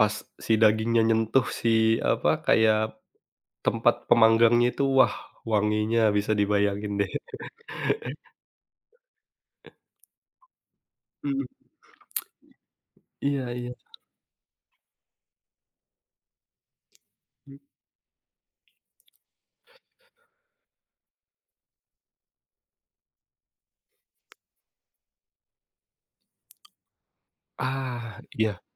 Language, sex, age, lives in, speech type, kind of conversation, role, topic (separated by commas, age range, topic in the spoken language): Indonesian, male, 30-34, Indonesia, conversation, podcast, guest, Apa pengalaman paling berkesan saat kamu makan jajanan kaki lima?